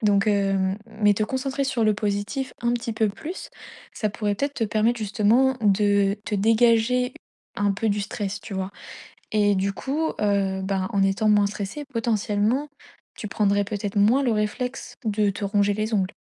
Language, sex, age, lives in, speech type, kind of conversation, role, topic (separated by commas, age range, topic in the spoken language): French, female, 20-24, France, conversation, advice, advisor, Comment puis-je interrompre la boucle d’une mauvaise habitude sans me sentir dépassé ?